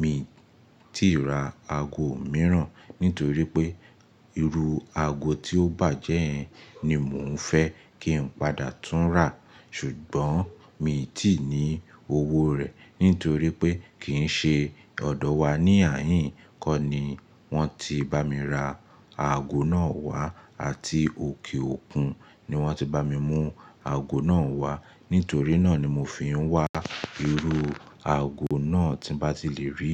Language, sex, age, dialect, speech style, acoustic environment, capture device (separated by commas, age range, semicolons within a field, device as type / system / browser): Yoruba, male, 25-34, Nigeria - Lagos Yoruba, focused; relaxed, appliances; nature, mobile / Linux / Chrome